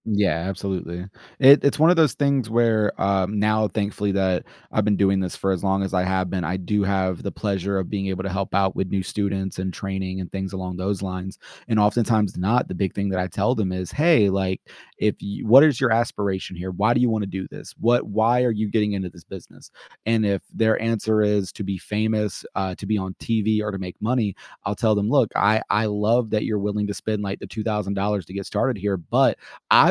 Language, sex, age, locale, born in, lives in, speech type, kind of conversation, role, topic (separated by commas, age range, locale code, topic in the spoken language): English, male, 25-29, en-US, United States, United States, conversation, podcast, guest, How do your daily routines and work habits shape your typical day on the job?